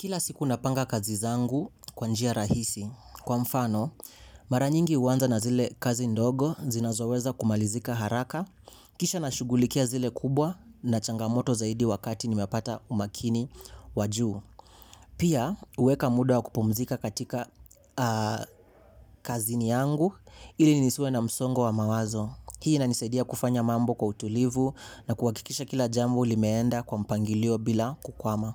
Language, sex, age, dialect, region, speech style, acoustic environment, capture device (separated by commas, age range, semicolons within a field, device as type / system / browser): Swahili, male, 18-24, Kenya - Mombasa, Kenya, excited; focused, silence, mobile / Linux / Chrome